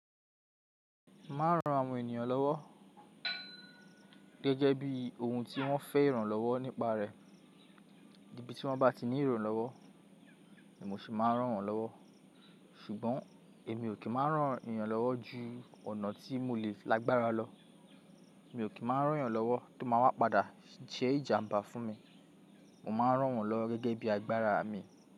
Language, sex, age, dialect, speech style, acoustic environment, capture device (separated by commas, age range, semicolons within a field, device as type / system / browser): Yoruba, male, 25-34, Nigeria - Lagos Yoruba, focused, people talking; music, mobile / Linux / Chrome